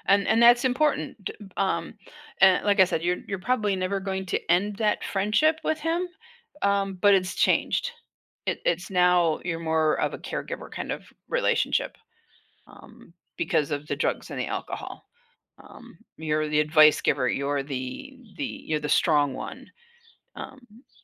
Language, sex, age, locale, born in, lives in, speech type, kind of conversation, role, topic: English, female, 60-64, en-US, United States, United States, conversation, advice, advisor, How can I cope with the sadness of a fading friendship?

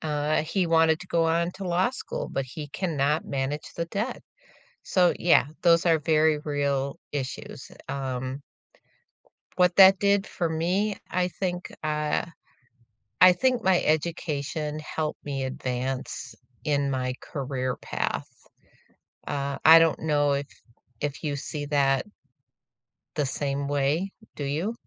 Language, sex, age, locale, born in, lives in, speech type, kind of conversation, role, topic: English, female, 65-69, en-US, United States, United States, conversation, unstructured, none, How have you experienced access to learning, and what helps open doors for others?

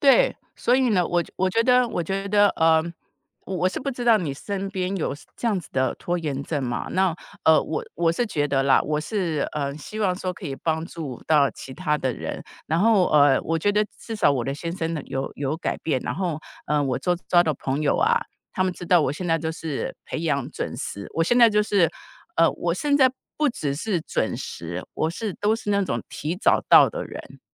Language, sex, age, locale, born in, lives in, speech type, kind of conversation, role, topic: Chinese, female, 55-59, zh-TW, Taiwan, United States, conversation, podcast, guest, 有哪些方法可以避免拖延症？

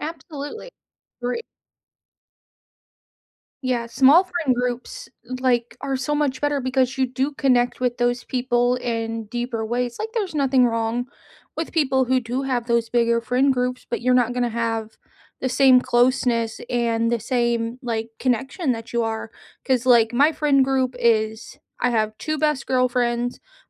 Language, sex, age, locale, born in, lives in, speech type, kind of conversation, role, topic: English, female, 30-34, en-US, United States, United States, conversation, unstructured, none, How do your love languages show up in everyday moments, and how can we support each other?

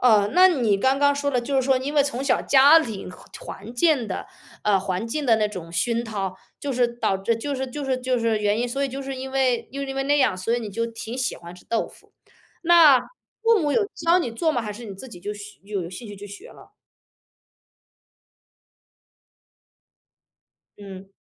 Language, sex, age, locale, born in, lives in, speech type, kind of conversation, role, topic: Chinese, female, 35-39, zh-CN, China, United States, conversation, podcast, host, 你认为哪道菜最能代表你的文化？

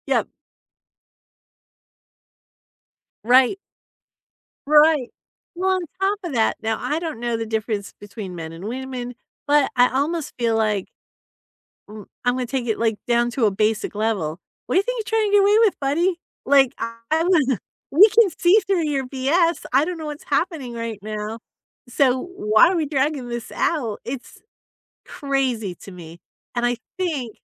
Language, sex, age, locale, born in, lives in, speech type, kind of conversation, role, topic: English, female, 50-54, en-US, United States, United States, conversation, unstructured, none, How do you feel when politicians avoid answering tough questions?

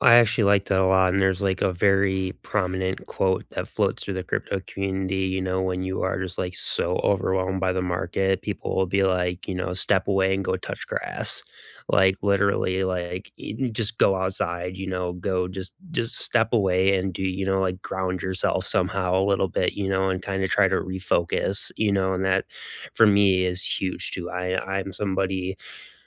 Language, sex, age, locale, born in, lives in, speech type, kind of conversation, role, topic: English, male, 35-39, en-US, United States, United States, conversation, unstructured, none, What is a small habit that has quietly improved your day-to-day life?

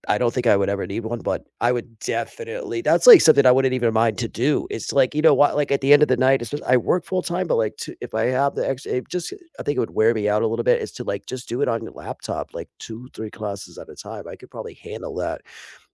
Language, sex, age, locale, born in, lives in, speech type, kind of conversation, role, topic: English, male, 40-44, en-US, United States, United States, conversation, unstructured, none, How has technology shaped your learning journey?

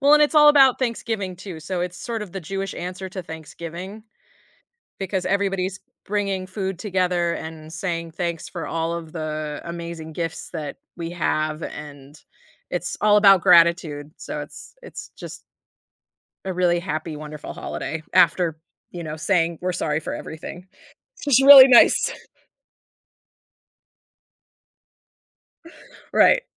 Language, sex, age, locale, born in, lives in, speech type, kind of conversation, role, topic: English, female, 30-34, en-US, United States, United States, conversation, podcast, guest, How do holidays shape your sense of heritage?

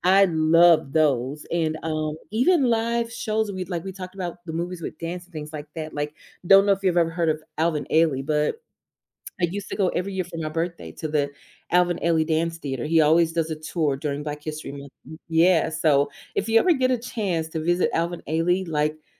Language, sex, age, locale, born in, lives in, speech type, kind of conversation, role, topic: English, female, 35-39, en-US, United States, United States, conversation, unstructured, none, Would you rather live in a world without music or a world without movies?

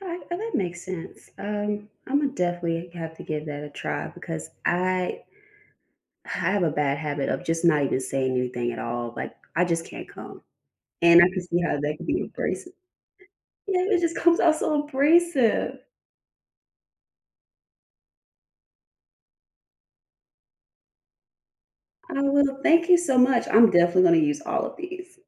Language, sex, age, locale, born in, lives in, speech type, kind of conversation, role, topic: English, female, 30-34, en-US, United States, United States, conversation, unstructured, none, When do you feel okay saying no, even if it disappoints someone you care about?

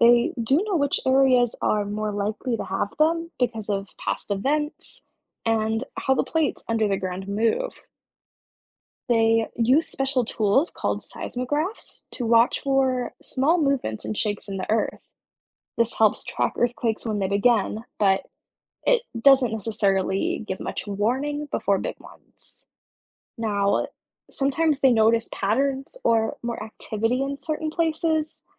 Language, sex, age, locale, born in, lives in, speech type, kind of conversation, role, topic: English, female, 20-24, en-US, United States, United States, read, expert-assistant, expert, What causes earthquakes to happen?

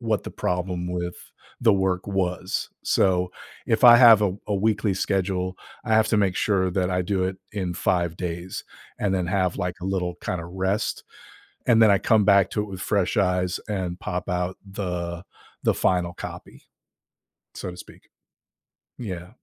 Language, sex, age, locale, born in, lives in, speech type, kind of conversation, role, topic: English, male, 50-54, en-US, United States, United States, conversation, podcast, guest, How do you decide when a project is finished?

